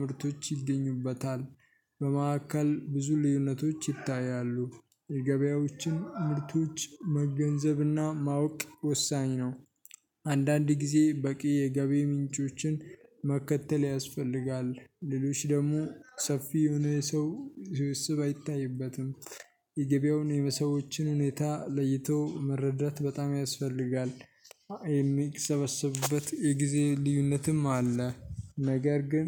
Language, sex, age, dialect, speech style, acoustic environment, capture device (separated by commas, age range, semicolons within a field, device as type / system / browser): Amharic, male, 18-24, Ethiopia - Addis Ababa, happy, silence, mobile / Linux / Chrome